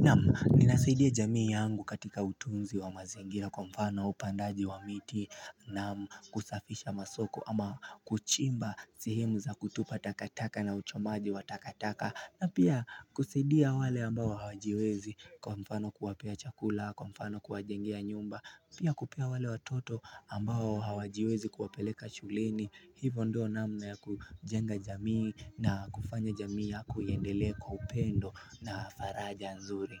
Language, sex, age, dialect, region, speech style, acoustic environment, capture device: Swahili, male, 18-24, Kenya - Nairobi Sheng-influenced, Kenya, relaxed; focused, music; people talking; nature, mobile / Linux / Chrome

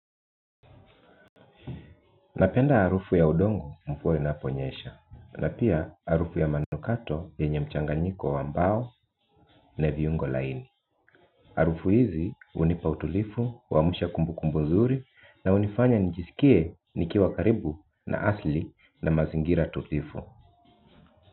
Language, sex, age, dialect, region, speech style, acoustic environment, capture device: Swahili, male, 35-44, Kenya - Nairobi Sheng-influenced, Kenya, relaxed, nature, mobile / Linux / Chrome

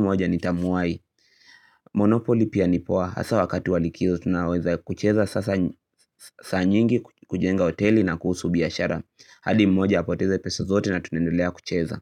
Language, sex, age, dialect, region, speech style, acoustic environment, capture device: Swahili, male, 18-24, Kenya - Nairobi Sheng-influenced, Kenya, anxious; happy, silence, mobile / Linux / Chrome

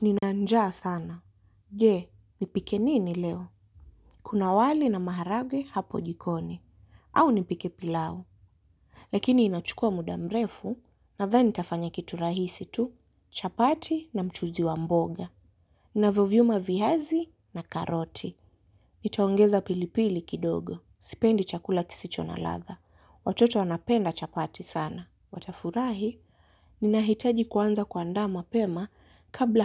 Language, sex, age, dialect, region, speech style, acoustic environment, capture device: Swahili, female, 18-24, Kenya - Mombasa, Kenya, stressed; happy, nature, mobile / Linux / Chrome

